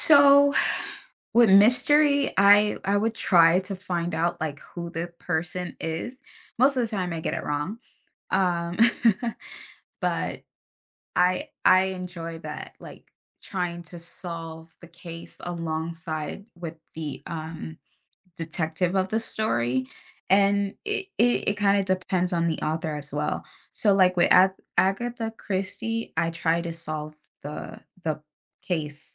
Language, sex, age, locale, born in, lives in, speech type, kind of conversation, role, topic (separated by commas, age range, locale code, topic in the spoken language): English, female, 30-34, en-US, United States, United States, conversation, podcast, guest, What draws readers into a story and keeps them engaged?